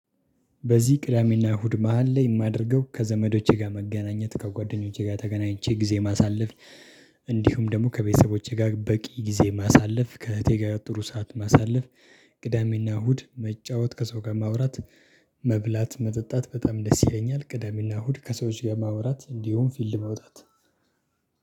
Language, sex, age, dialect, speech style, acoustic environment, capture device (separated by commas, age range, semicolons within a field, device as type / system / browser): Amharic, male, 25-34, Ethiopia - Addis Ababa, happy, silence, desktop / Linux / Chrome